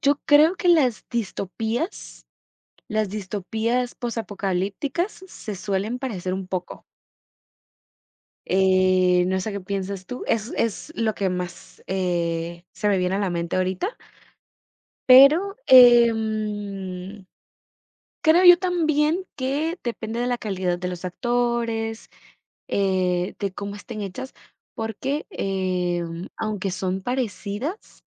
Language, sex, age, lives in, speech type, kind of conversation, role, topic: Spanish, female, 18-19, United States, conversation, unstructured, none, ¿Crees que las películas repetitivas cansan al público?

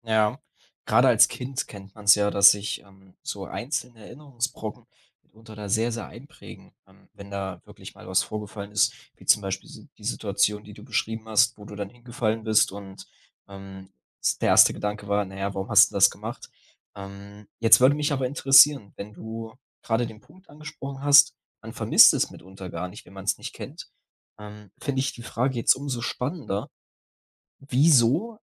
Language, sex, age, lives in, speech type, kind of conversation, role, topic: German, male, 20-24, Germany, conversation, podcast, host, Wie wurden Gefühle bei euch zuhause ausgedrückt?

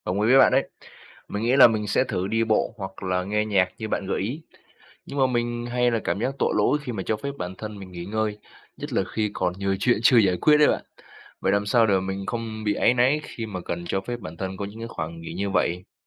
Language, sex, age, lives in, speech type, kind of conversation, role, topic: Vietnamese, male, 20-24, Vietnam, read, expert-assistant, asker, Làm sao tìm bình an tinh thần nhờ lời dạy tôn giáo khi gặp khủng hoảng?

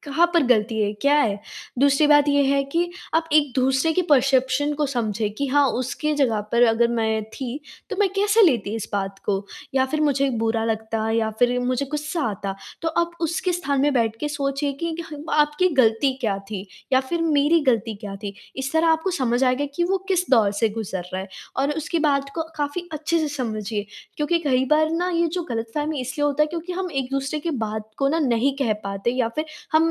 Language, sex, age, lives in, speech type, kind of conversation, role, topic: Hindi, female, 18-19, India, conversation, advice, advisor, गलतफहमी के कारण दोस्ती में आई दरार को कैसे ठीक करूँ?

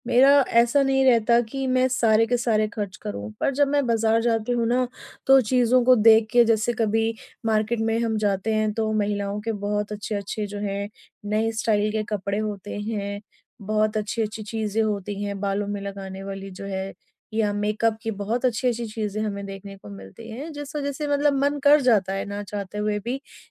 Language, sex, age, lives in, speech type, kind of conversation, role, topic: Hindi, female, 30-34, India, conversation, advice, user, बजट में अच्छा खरीदना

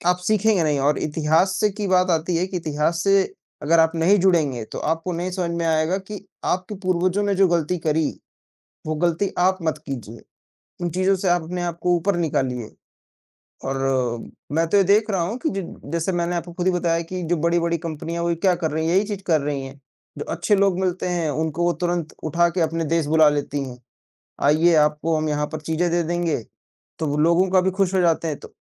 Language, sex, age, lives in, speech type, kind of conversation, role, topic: Hindi, male, 20-24, India, conversation, unstructured, none, क्या आपको इतिहास की कोई ऐसी कहानी याद है जो आपको प्रेरित करती हो?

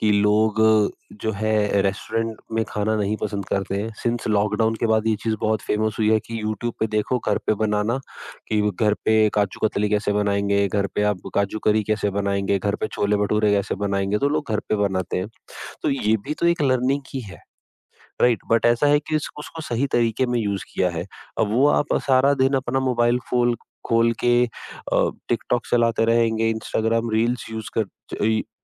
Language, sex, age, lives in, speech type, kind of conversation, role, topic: Hindi, female, 55-59, India, conversation, podcast, guest, Screen time aur phone rules ghar mein kaise manage karte ho?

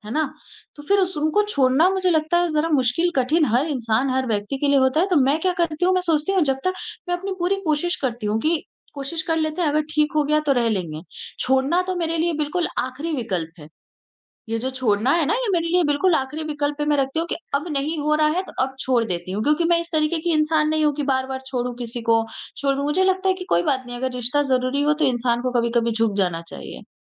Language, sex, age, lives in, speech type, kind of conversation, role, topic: Hindi, female, 20-24, India, conversation, advice, user, आप बार-बार एक ही तरह के खराब रिश्तों में क्यों पड़ जाते हैं?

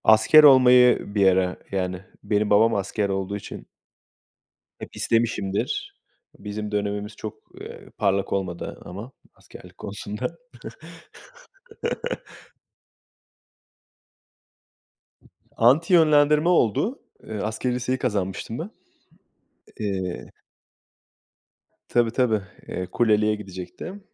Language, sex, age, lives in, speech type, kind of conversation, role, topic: Turkish, male, 30-34, Portugal, conversation, unstructured, none, Eğitim hayatında en çok sevdiğin ders hangisi?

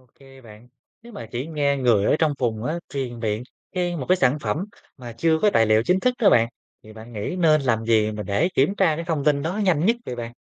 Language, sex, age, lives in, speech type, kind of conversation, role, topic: Vietnamese, male, 25-29, Vietnam, read, expert-assistant, asker, Làm sao nhận biết và kiểm chứng thông tin công nghệ nông nghiệp mới?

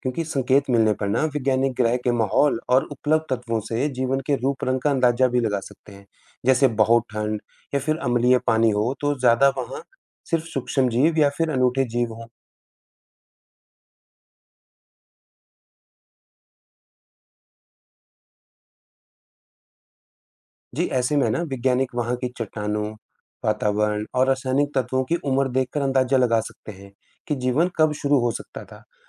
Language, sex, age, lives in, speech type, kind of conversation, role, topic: Hindi, male, 35-39, India, read, expert-assistant, expert, हम अंतरिक्ष में जीवन की तलाश क्यों करते हैं?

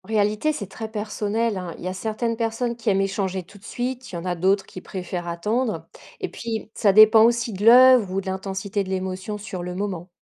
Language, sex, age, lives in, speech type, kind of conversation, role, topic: French, female, 40-44, France, read, expert-assistant, expert, Comment s’organiser pour profiter au maximum d’une visite dans une exposition d’art ?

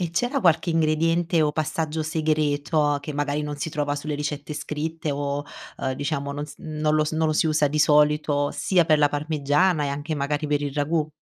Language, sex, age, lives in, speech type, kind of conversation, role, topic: Italian, female, 30-34, Italy, conversation, podcast, host, Qual è una ricetta di famiglia che porti sempre con te?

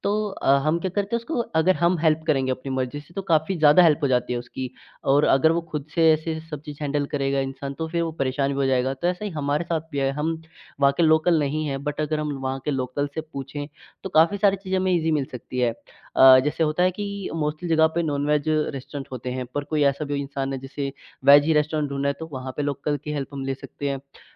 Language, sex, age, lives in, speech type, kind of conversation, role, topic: Hindi, male, 18-19, India, conversation, podcast, guest, किसी स्थानीय व्यक्ति की सलाह ने आपकी यात्रा का अनुभव कैसे बदल दिया?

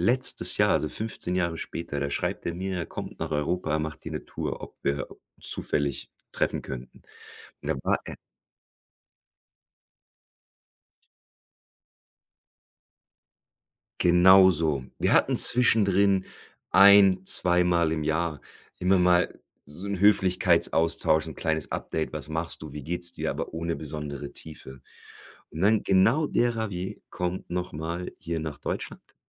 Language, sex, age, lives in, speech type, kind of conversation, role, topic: German, male, 40-44, Germany, conversation, podcast, guest, Wie hast du auf Reisen ganz unerwartet jemanden kennengelernt, mit dem sich eine Freundschaft entwickelt hat?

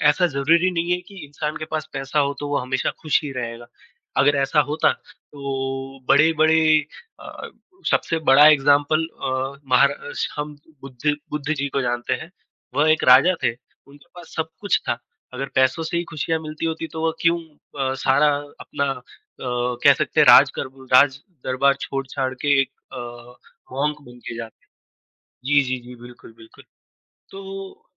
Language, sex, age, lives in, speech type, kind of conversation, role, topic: Hindi, female, 20-24, India, conversation, unstructured, none, क्या पैसे से खुशियाँ खरीदी जा सकती हैं, और आपका इस बारे में क्या मानना है?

